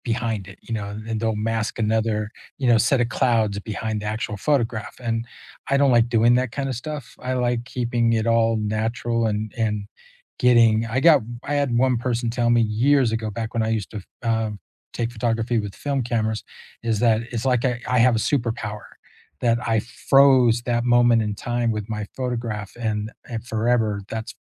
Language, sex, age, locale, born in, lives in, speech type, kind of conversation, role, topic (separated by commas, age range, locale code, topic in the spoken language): English, male, 60-64, en-US, United States, United States, conversation, unstructured, none, What is a hobby you have rediscovered recently?